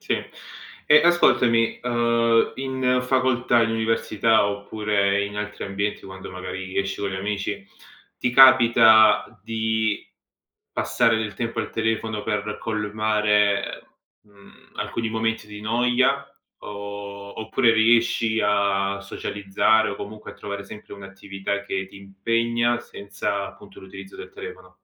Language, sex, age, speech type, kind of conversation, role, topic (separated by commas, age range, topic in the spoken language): Italian, male, 30-34, conversation, podcast, host, Come gestisci l’uso dello schermo e del telefono appena ti svegli?